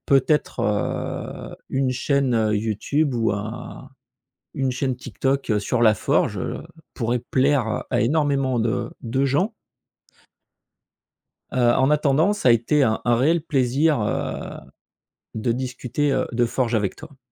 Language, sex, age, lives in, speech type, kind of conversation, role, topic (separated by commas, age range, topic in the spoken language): French, male, 45-49, France, conversation, podcast, host, Quel hobby t’aide vraiment à décompresser le soir ?